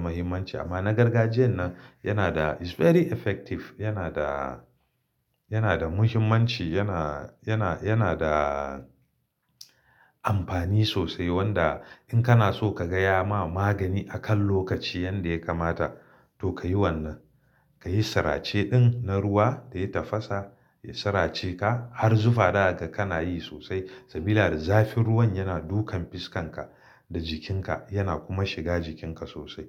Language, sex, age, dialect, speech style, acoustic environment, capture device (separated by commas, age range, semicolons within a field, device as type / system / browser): Hausa, male, 35-44, Nigeria - Kano, excited, silence, mobile / Linux / Chrome